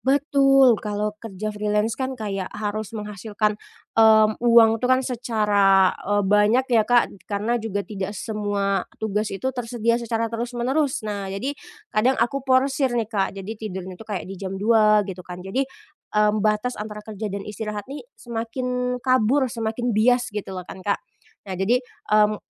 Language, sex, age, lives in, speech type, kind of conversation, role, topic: Indonesian, female, 25-29, Indonesia, conversation, advice, user, Bagaimana cara menetapkan batas antara kerja dan istirahat tanpa merasa bersalah?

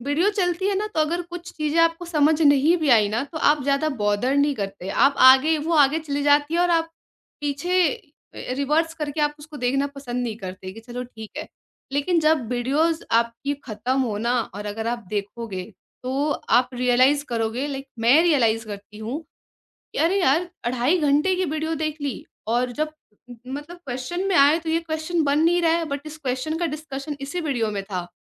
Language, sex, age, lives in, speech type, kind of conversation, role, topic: Hindi, female, 20-24, India, conversation, podcast, guest, Kaise pata chale ki tum busy ho, par productive nahi ho?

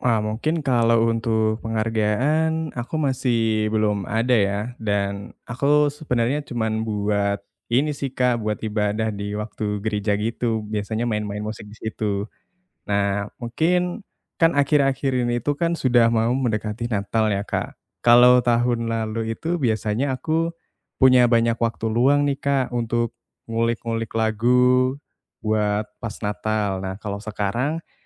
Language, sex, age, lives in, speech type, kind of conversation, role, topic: Indonesian, male, 20-24, Indonesia, conversation, advice, user, Bagaimana saya bisa mulai membagi waktu agar hobi dan pekerjaan sehari-hari seimbang?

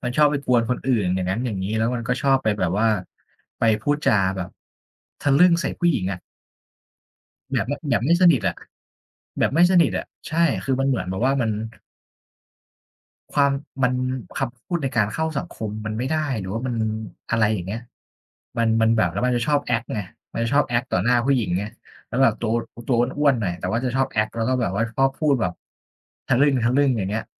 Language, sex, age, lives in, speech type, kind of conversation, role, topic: Thai, male, 25-29, Thailand, conversation, unstructured, none, เราควรรับมือกับคนที่พูดจาเหยียดผู้อื่นอย่างไร?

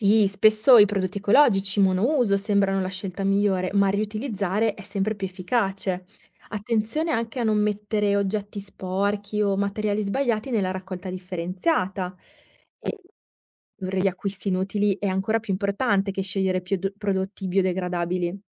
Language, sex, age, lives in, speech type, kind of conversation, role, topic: Italian, female, 30-34, Italy, read, expert-assistant, expert, Come posso migliorare le mie abitudini quotidiane in casa per vivere in modo più sostenibile?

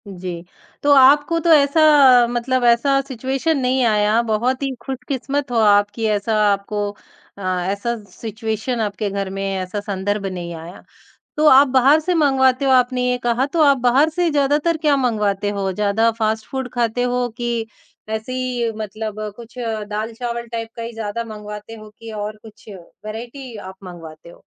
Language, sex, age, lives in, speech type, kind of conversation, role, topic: Hindi, male, 50-54, India, conversation, podcast, host, खाना बनाकर किसी की देखभाल करना आपके लिए क्या मायने रखता है?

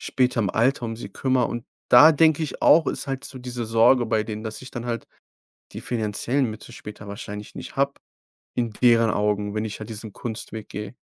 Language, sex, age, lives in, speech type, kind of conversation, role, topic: German, male, 25-29, Germany, conversation, advice, user, Wie gehst du mit dem Druck um, den Beruf oder die Karriere zu wählen, die deine Familie von dir erwartet?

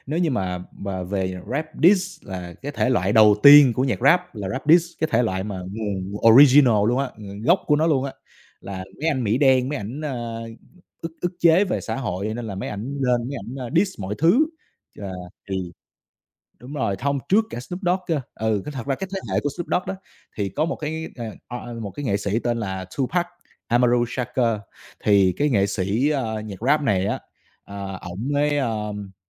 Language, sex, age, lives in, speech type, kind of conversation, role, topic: Vietnamese, male, 25-29, Vietnam, conversation, unstructured, none, Bạn thường nghe thể loại nhạc nào nhất trong cuộc sống hằng ngày?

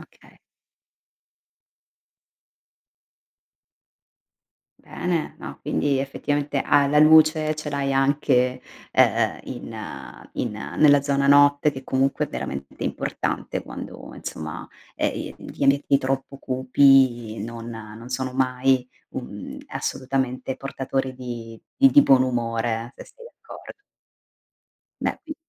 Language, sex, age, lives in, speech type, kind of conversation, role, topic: Italian, female, 35-39, Italy, conversation, podcast, host, Come puoi sfruttare al meglio gli spazi piccoli di casa?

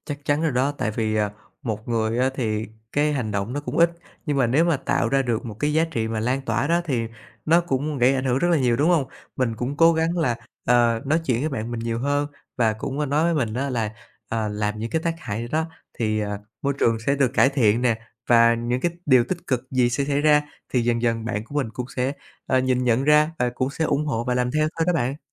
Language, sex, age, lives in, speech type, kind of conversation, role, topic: Vietnamese, male, 30-34, Vietnam, conversation, podcast, guest, Những thói quen nhỏ hằng ngày nào giúp bạn bảo vệ môi trường?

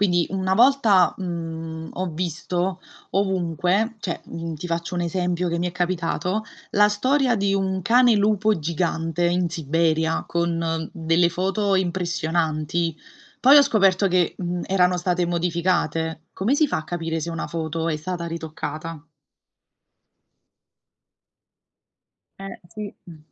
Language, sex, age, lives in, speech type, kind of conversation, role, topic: Italian, female, 30-34, Italy, read, expert-assistant, asker, Come si riconoscono le bufale sugli animali in rete?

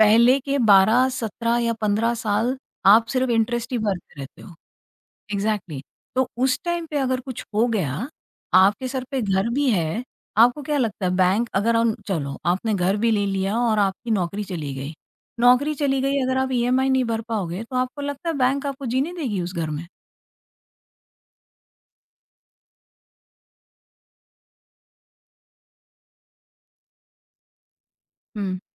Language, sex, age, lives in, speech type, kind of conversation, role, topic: Hindi, female, 45-49, India, conversation, podcast, guest, Aaj ghar khareedna ya rent par hi rehna—long-term mein kaunsa option zyada sahi hota hai?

